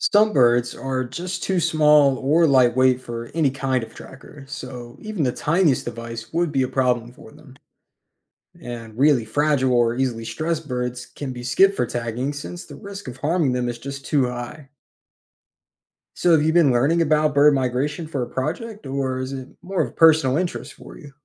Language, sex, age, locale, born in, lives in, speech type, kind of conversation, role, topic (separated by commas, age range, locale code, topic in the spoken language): English, male, 20-24, en-US, United States, United States, read, expert-assistant, expert, How do birds know where to migrate?